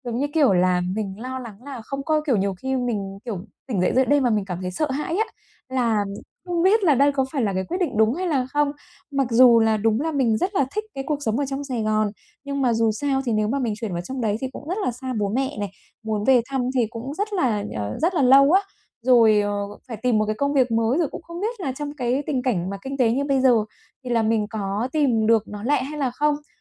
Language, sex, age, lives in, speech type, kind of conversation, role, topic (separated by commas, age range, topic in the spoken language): Vietnamese, female, 35-39, Vietnam, conversation, advice, user, Làm sao để giữ cân bằng cuộc sống khi tôi đang chuyển mình sang hướng đi mới?